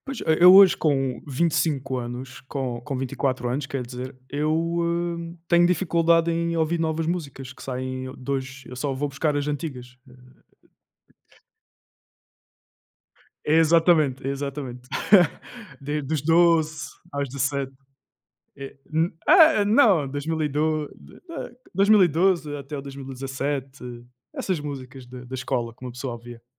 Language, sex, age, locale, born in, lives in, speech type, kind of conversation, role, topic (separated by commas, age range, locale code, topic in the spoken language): Portuguese, male, 20-24, pt-PT, Portugal, Portugal, conversation, unstructured, none, Como a música influencia o seu dia a dia?